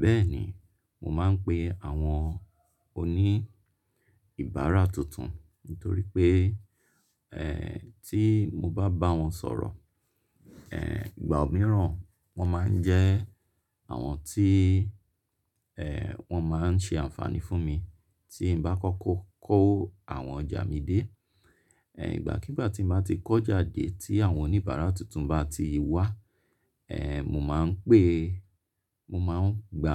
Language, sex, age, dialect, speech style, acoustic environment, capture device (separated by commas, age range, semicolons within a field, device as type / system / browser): Yoruba, male, 35-44, Nigeria - Lagos Yoruba, relaxed; focused, nature, mobile / Linux / Chrome